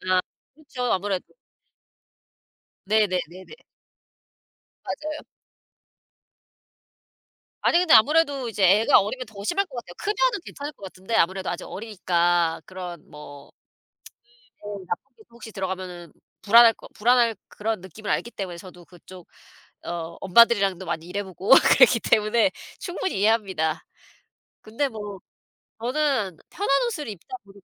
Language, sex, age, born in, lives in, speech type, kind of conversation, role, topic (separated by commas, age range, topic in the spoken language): Korean, female, 40-44, South Korea, United States, conversation, unstructured, none, 매일 다른 스타일의 옷을 입는 것과 편한 옷을 반복해서 입는 것 중 어떤 쪽을 더 선호하시나요?